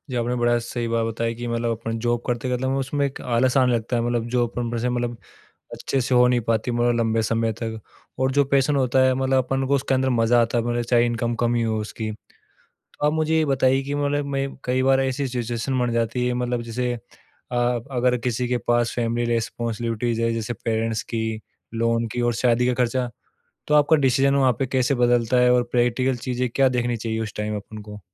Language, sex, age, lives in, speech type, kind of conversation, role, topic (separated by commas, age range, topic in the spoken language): Hindi, male, 25-29, India, conversation, podcast, host, Job security ko priority dein ya apne shauk ko follow karein—tum kya sochte ho?